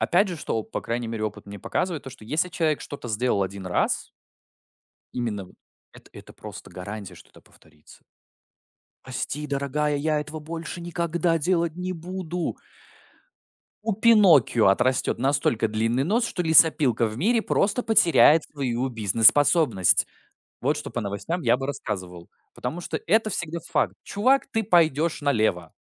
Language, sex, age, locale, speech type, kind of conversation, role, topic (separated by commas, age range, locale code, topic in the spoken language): Russian, male, 20-24, ru-RU, conversation, podcast, guest, Как вы относитесь к «молчаливому лечению» после ссоры — это работает?